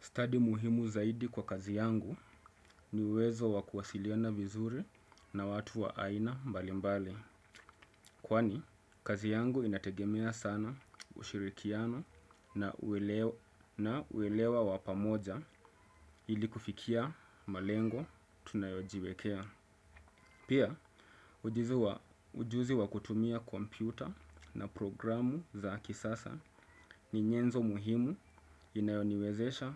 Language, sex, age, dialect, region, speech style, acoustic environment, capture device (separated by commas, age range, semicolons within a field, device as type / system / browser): Swahili, male, 25-34, Kenya - Mombasa, Kenya, excited; stressed; relaxed, silence, desktop / Windows / Chrome